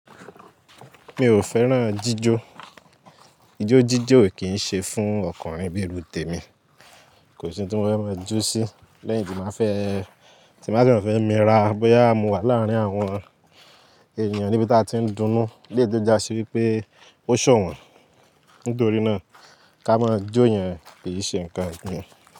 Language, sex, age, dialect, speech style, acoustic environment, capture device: Yoruba, male, 18-24, Nigeria - Lagos Yoruba, excited; happy, nature, mobile / Linux / Chrome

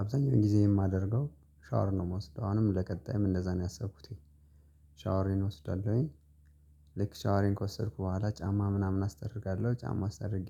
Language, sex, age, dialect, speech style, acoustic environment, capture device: Amharic, male, 25-34, Ethiopia - Gojjam, focused, nature, mobile / Linux / Chrome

